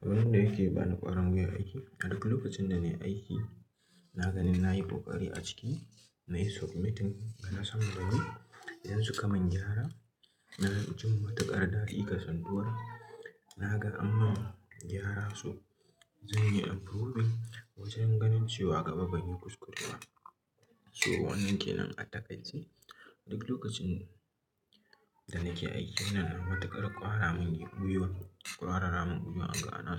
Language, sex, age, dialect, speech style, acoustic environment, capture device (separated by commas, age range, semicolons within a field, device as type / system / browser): Hausa, male, 18-24, Nigeria - Katsina, happy, nature, mobile / Linux / Chrome